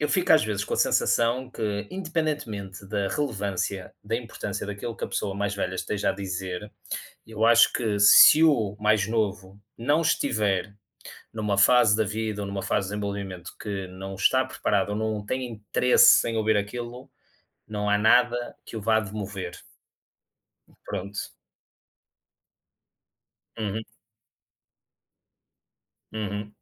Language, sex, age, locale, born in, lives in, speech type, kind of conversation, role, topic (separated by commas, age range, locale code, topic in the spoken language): Portuguese, male, 30-34, pt-PT, Portugal, Portugal, conversation, unstructured, none, Qual é a sua estratégia para convencer alguém sem impor a sua opinião?